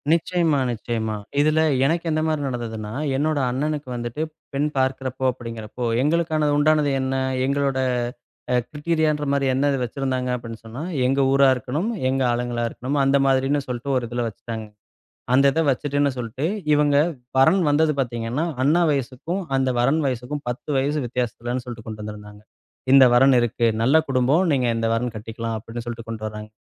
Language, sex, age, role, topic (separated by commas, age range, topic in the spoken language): Tamil, male, 35-39, host, தேர்வு, வேலை மற்றும் திருமணம் பற்றிய எதிர்பார்ப்புகள் தலைமுறைகளுக்கு ஏற்ப மாறியுள்ளதா?